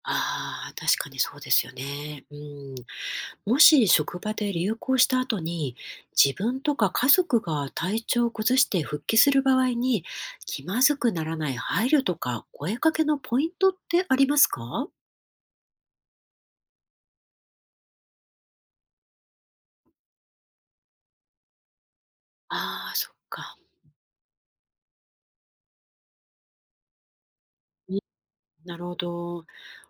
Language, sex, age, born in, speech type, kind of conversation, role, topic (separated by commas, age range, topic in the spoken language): Japanese, female, 50-54, Japan, read, expert-assistant, asker, 職場でインフルエンザが流行している時、同僚との人間関係を保ちながら、自分や家族の健康を守るためのベストな行動は何でしょうか？